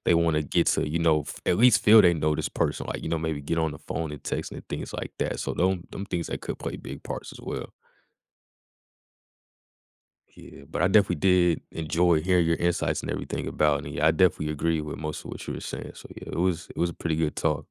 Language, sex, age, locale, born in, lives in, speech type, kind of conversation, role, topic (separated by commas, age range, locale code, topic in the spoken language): English, male, 20-24, en-US, United States, United States, conversation, podcast, host, How has our ability to connect with others changed because of technology?